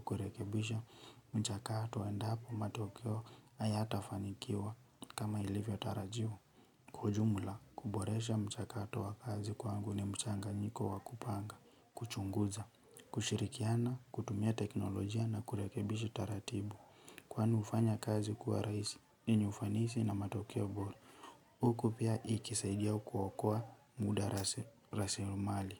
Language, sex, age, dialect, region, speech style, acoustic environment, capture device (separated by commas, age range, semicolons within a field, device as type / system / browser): Swahili, male, 18-24, Kenya - Nairobi Sheng-influenced, Kenya, relaxed, nature; silence, mobile / Linux / Chrome